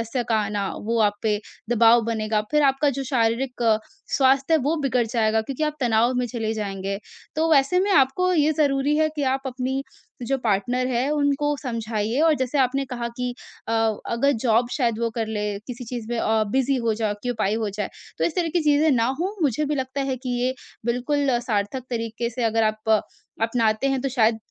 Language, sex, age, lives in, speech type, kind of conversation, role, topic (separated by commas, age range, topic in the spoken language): Hindi, female, 45-49, India, conversation, advice, advisor, बच्चे होने या न होने का निर्णय